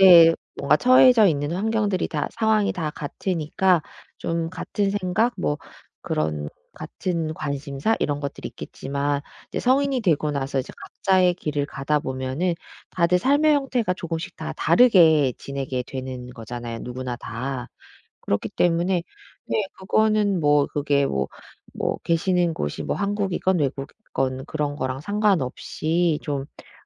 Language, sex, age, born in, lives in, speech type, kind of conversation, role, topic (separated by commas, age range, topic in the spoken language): Korean, female, 40-44, South Korea, United States, conversation, advice, advisor, 친구와의 우정이 점점 멀어지는 것이 왜 이렇게 슬픈가요?